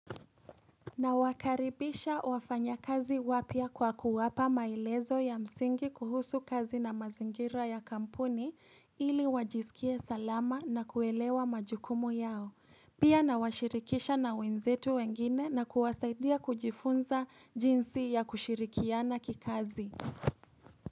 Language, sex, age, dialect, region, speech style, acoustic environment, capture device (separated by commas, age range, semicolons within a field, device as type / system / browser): Swahili, female, 18-24, Kenya - Nairobi Sheng-influenced, Kenya, happy; excited; relaxed, appliances, mobile / Linux / Chrome